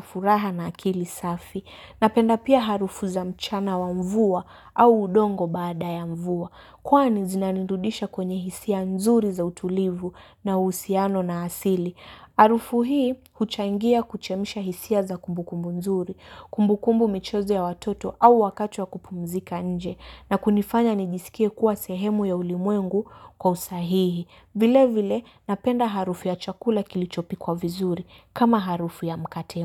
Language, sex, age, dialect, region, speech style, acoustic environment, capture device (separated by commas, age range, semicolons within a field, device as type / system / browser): Swahili, female, 18-24, Kenya - Mombasa, Kenya, happy; relaxed, nature, mobile / Linux / Chrome